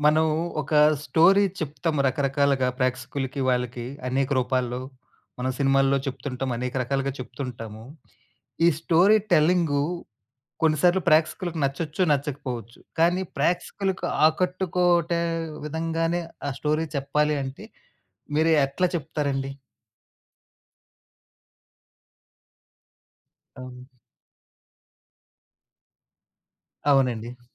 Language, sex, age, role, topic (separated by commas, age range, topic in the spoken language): Telugu, male, 35-39, host, స్టోరీటెల్లింగ్‌లో ప్రేక్షకులను ఆకట్టుకునేందుకు మీరు ఉపయోగించే ప్రత్యేక తంత్రం ఏమిటి?